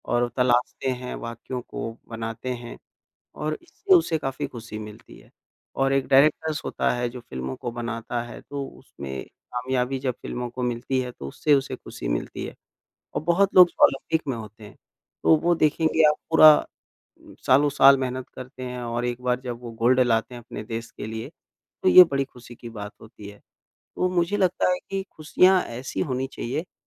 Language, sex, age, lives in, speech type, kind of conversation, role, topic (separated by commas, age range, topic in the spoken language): Hindi, male, 25-29, India, conversation, unstructured, none, आपके लिए खुशी का सबसे बड़ा स्रोत क्या है?